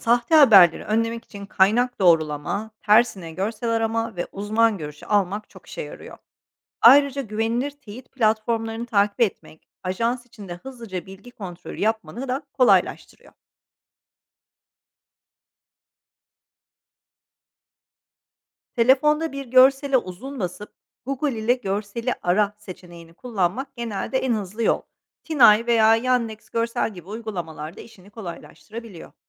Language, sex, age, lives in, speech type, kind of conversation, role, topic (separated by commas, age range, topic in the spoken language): Turkish, female, 45-49, Netherlands, read, expert-assistant, expert, Gazetecilikte sahte haberlerin yayılmasını engellemenin en etkili yolları nelerdir?